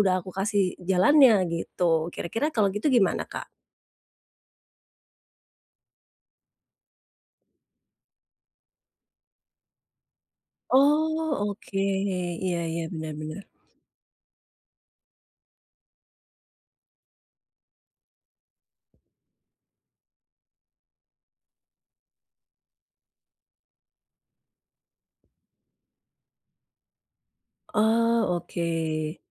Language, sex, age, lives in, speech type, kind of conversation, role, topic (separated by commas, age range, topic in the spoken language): Indonesian, female, 45-49, Indonesia, conversation, advice, advisor, Mengapa saya merasa bersalah saat meluangkan waktu untuk bersantai sendiri?